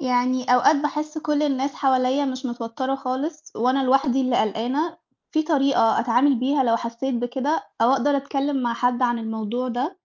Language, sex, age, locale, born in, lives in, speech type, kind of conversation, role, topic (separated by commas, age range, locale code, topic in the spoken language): Arabic, female, 20-24, ar-EG, Egypt, Italy, read, expert-assistant, asker, كيف يمكن التعامل مع التوتر أثناء الامتحانات؟